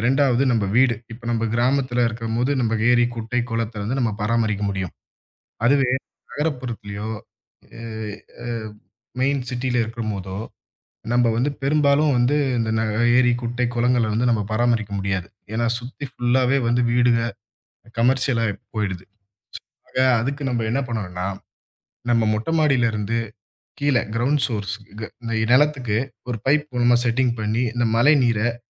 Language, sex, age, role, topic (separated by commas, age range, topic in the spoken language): Tamil, male, 25-29, guest, மழைநீரைச் சேமித்து எளிய முறையில் எப்படிப் பயன்படுத்தலாம்?